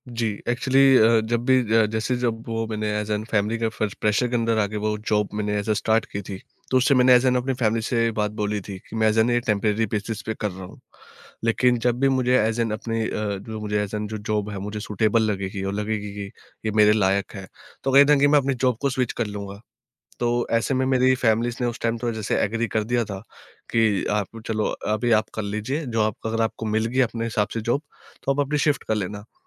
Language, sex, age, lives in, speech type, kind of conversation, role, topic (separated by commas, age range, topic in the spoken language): Hindi, male, 20-24, India, conversation, podcast, guest, Kab tumne aisa risk liya tha jisne tumhari zindagi badal di?